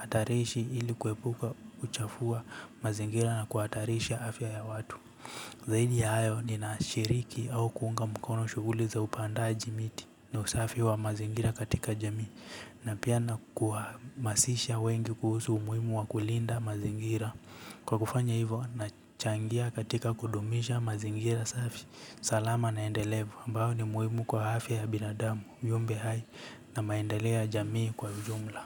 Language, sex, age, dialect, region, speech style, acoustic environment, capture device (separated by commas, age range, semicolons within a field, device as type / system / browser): Swahili, male, 18-24, Kenya - Nairobi Sheng-influenced, Kenya, relaxed, nature; silence, mobile / Linux / Chrome